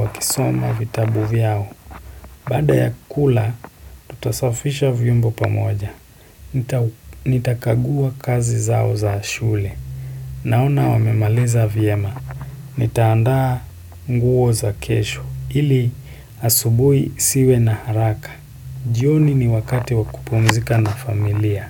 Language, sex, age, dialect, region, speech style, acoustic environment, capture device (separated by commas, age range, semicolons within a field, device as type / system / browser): Swahili, male, 18-24, Kenya - Nairobi Sheng-influenced, Kenya, excited; happy, silence, desktop / Windows / Chrome